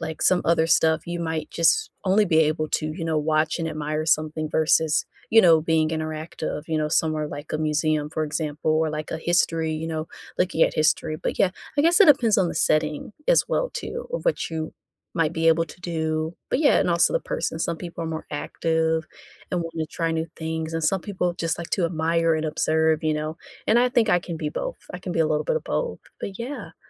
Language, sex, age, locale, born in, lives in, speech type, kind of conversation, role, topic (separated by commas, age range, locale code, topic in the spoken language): English, female, 25-29, en-US, United States, United States, conversation, unstructured, none, Where would you take your friends first if they visited tomorrow?